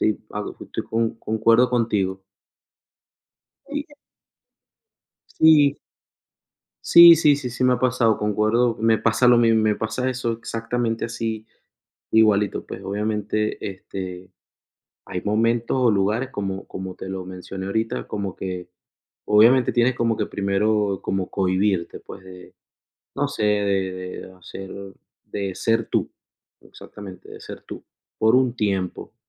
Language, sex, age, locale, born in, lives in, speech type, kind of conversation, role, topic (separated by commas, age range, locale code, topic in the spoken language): Spanish, male, 30-34, es-VE, Venezuela, United States, conversation, unstructured, none, ¿Sientes que ser tú mismo es fácil o difícil?